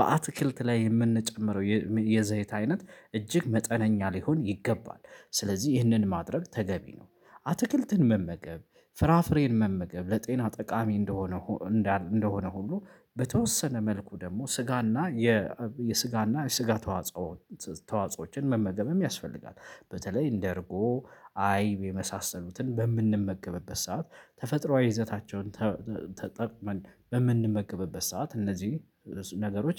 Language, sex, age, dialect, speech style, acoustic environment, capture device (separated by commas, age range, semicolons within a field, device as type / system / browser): Amharic, male, 25-34, Ethiopia - Addis Ababa, excited, silence, mobile / Linux / Chrome